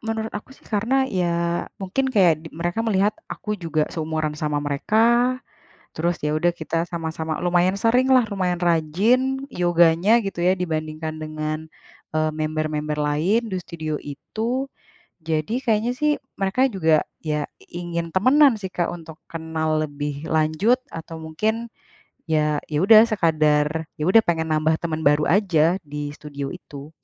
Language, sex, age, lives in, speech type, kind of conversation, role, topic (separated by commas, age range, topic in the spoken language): Indonesian, female, 30-34, Indonesia, conversation, advice, user, Bagaimana cara menjaga kontak dengan kenalan agar hubungan tetap dekat dan terasa alami?